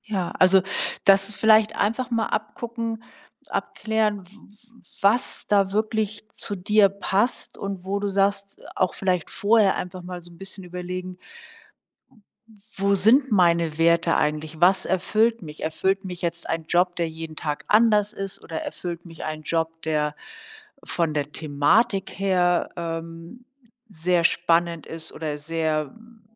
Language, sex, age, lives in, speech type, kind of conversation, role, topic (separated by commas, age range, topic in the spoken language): German, female, 60-64, Germany, conversation, advice, advisor, Warum fühlt sich dein Job für dich sinnlos an?